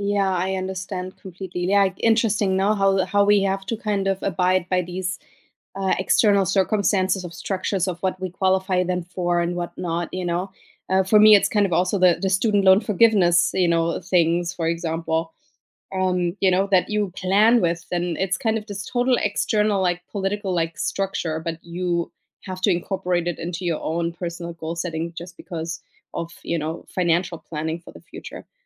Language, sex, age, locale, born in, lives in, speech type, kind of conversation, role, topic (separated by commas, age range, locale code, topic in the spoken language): English, female, 35-39, en-DE, Germany, United States, conversation, unstructured, none, What is one goal-setting challenge that makes you angry?